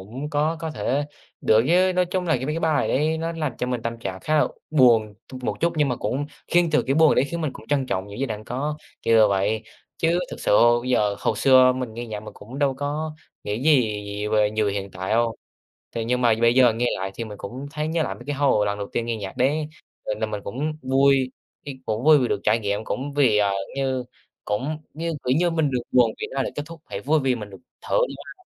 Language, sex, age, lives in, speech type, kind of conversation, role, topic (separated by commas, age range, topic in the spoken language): Vietnamese, male, 18-19, Vietnam, conversation, unstructured, none, Âm nhạc có thể thay đổi tâm trạng của con người như thế nào?